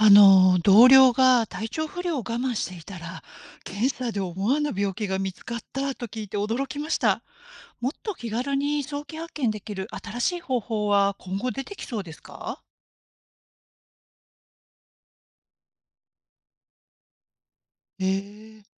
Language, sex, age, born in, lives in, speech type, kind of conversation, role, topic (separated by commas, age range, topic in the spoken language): Japanese, female, 50-54, Japan, Japan, read, expert-assistant, asker, 病気の早期発見をもっと身近にするには、どんな新しい方法が考えられると思いますか？